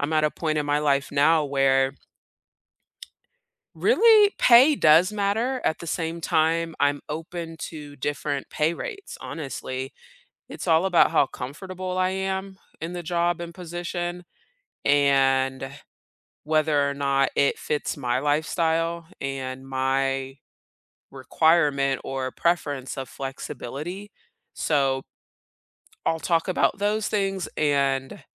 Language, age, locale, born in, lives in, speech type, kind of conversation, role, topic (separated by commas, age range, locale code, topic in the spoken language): English, 30-34, en-US, South Korea, United States, conversation, unstructured, none, How do you determine your value and communicate it when negotiating pay and raises?